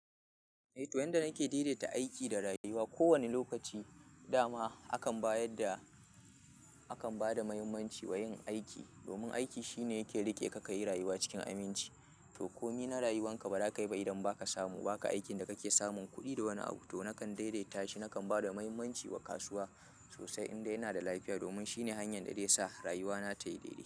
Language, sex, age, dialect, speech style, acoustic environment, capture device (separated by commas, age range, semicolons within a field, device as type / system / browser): Hausa, male, 25-34, Nigeria - Kano, happy; anxious; tired; relaxed; nervous; excited; focused; stressed, people talking; music; silence; nature; traffic; construction; appliances, mobile / Linux / Chrome